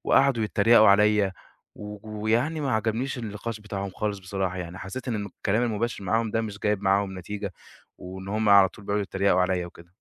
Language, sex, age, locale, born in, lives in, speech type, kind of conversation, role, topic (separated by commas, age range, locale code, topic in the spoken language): Arabic, male, 20-24, ar-EG, Egypt, Egypt, conversation, advice, user, إزاي بتتعامل مع توقعات أهلك عن شغلك علشان تنجح في وظيفة معينة؟